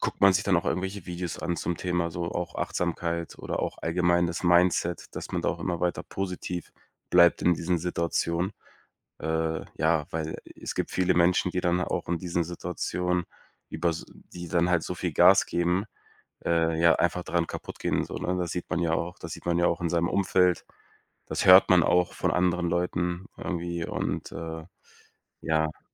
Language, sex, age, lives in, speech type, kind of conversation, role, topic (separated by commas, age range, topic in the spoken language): German, male, 25-29, Germany, conversation, advice, user, Wie kann ich meine Ziele klar priorisieren, wenn ich zu viele gleichzeitig verfolge?